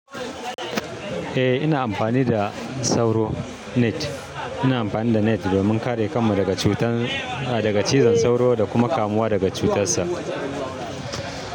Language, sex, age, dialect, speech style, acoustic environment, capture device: Hausa, male, 35-44, Nigeria - Kano, happy, people talking, mobile / Linux / Chrome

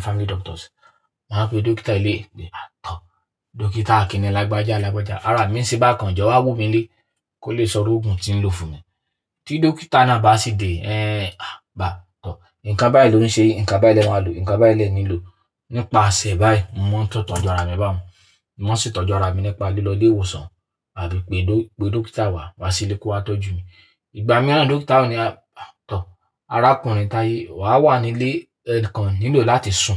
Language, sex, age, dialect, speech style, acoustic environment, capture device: Yoruba, male, 25-34, Nigeria - Ibadan, happy, nature; people talking, mobile / Linux / Chrome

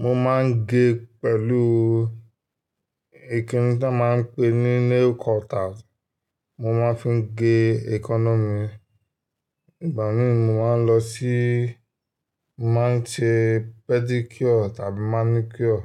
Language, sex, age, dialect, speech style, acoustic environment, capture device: Yoruba, male, 25-34, Nigeria - Lagos Yoruba, focused, nature, desktop / Linux / Chrome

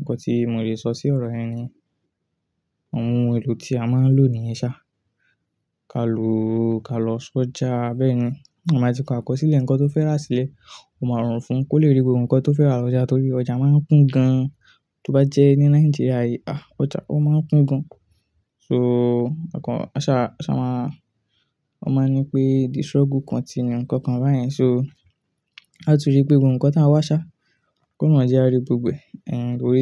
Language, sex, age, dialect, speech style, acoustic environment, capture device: Yoruba, male, 18-24, Nigeria - Ibadan, focused; relaxed, nature, desktop / Linux / Chrome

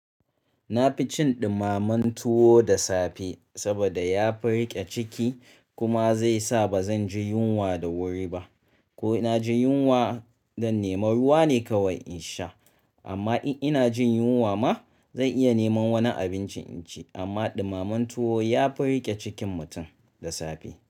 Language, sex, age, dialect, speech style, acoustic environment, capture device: Hausa, male, 35-44, Nigeria - Kano, nervous, silence, mobile / Linux / Chrome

